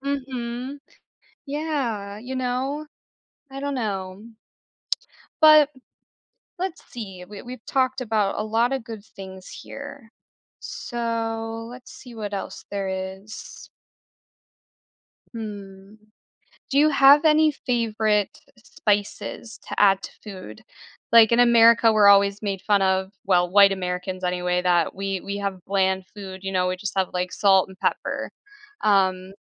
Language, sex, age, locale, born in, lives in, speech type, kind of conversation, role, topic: English, female, 25-29, en-US, United States, United States, conversation, podcast, host, What turns an ordinary meal into a memorable experience for you?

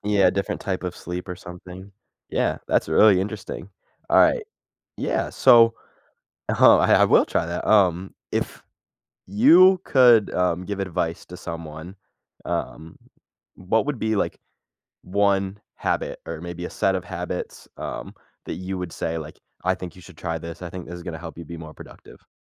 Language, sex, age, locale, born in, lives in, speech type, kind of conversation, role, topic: English, male, 18-19, en-US, United States, United States, conversation, podcast, host, What small routines make a big difference in your productivity?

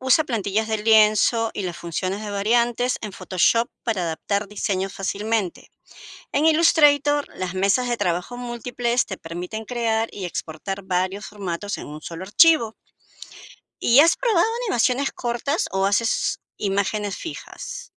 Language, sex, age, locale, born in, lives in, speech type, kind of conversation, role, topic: Spanish, female, 55-59, es-PE, Peru, United States, read, expert-assistant, expert, ¿Qué herramientas o programas de arte digital son prioritarios para aprender hoy en día?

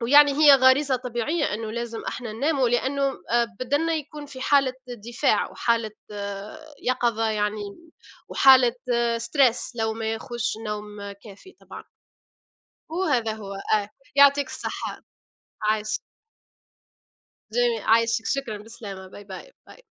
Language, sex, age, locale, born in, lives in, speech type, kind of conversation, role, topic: Arabic, female, 25-29, ar-TN, Tunisia, United States, conversation, unstructured, none, إنت بتفضل تصحى بدري ولا تسهر بالليل، وليه؟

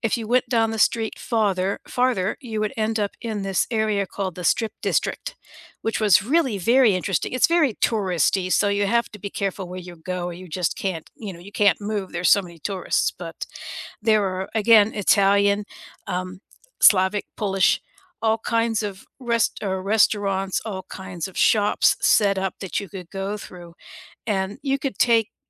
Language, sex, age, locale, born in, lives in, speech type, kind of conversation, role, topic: English, female, 60-64, en-US, United States, United States, conversation, unstructured, none, Which walkable neighborhood do you love exploring, and what makes it feel special to you?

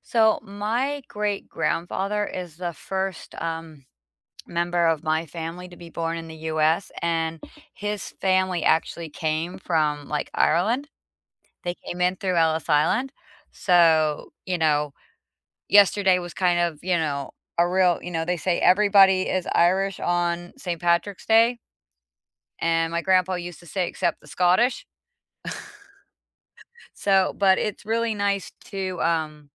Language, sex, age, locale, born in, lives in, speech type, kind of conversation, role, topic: English, female, 45-49, en-US, United States, United States, conversation, unstructured, none, What simple, meaningful ways are you staying connected to your cultural roots and identity these days?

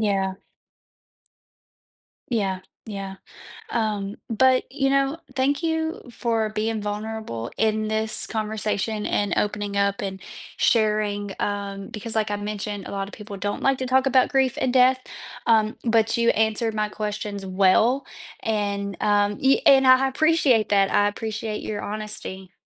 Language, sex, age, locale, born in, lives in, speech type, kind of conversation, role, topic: English, female, 25-29, en-US, United States, United States, conversation, podcast, host, How can experiencing loss together strengthen our relationships?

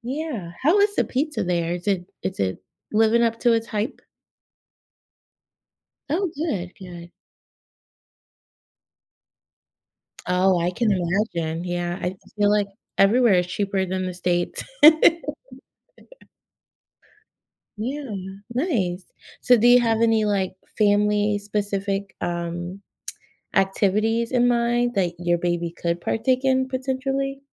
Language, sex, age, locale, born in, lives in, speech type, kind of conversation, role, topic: English, female, 40-44, en-US, United States, United States, conversation, advice, advisor, How do I plan a family vacation that keeps everyone happy and stays within budget?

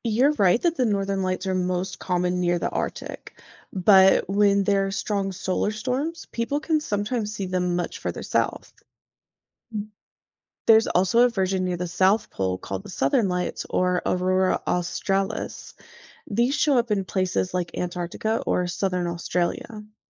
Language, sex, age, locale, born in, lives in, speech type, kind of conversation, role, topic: English, female, 30-34, en-US, United States, United States, read, expert-assistant, expert, What causes the Northern Lights?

